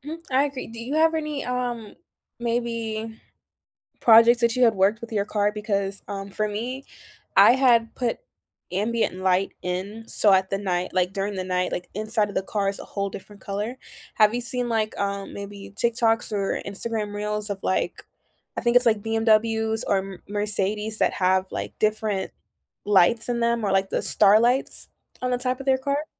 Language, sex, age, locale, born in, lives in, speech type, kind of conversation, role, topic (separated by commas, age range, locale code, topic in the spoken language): English, female, 20-24, en-US, Jamaica, United States, conversation, unstructured, none, How do you decide what to do yourself and when to call a professional?